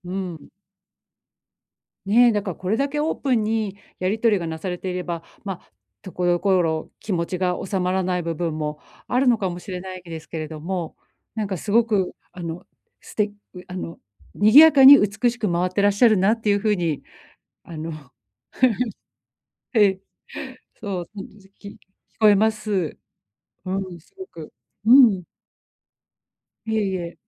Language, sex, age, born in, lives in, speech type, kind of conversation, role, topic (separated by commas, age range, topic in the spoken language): Japanese, female, 45-49, Japan, Japan, conversation, advice, advisor, 私たちの金銭感覚と生活スタイルは合っていますか？